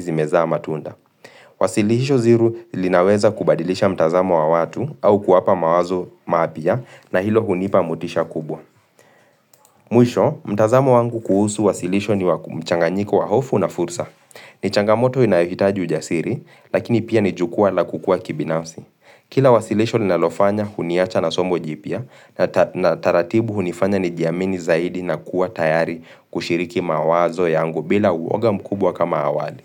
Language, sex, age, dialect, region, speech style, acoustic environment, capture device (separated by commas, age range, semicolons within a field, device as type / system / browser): Swahili, male, 25-34, Kenya - Nairobi Sheng-influenced, Kenya, relaxed; focused, silence; appliances; nature, mobile / Linux / Chrome